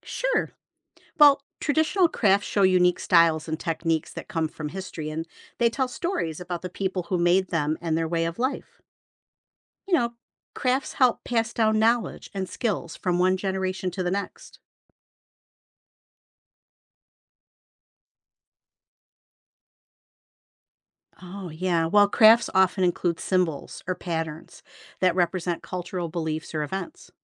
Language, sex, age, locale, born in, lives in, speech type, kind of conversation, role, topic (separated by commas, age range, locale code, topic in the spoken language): English, female, 55-59, en-US, United States, United States, read, expert-assistant, expert, Why are traditional crafts important for preserving culture?